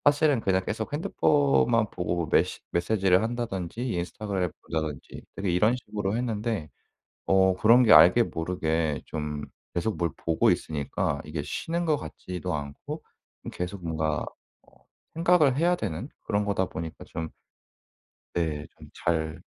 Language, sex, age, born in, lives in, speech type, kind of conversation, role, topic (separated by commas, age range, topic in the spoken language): Korean, male, 25-29, South Korea, South Korea, conversation, advice, user, 바쁜 일정 속에서 어떻게 규칙적으로 휴식을 마련할 수 있나요?